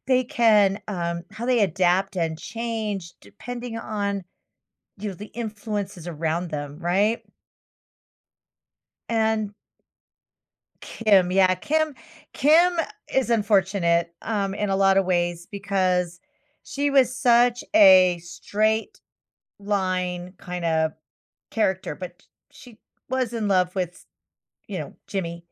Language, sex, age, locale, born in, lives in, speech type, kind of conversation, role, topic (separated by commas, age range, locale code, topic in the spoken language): English, female, 55-59, en-US, United States, United States, conversation, podcast, host, Why do we connect with certain characters in stories more than others?